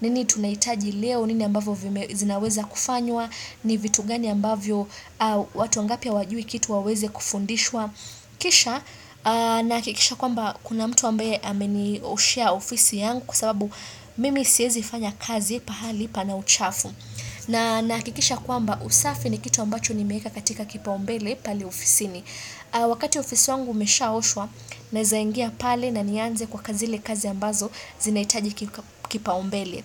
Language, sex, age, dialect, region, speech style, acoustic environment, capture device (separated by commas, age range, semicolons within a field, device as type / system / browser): Swahili, female, 25-34, Kenya - Nairobi Sheng-influenced, Kenya, excited; focused, silence, desktop / Windows / Chrome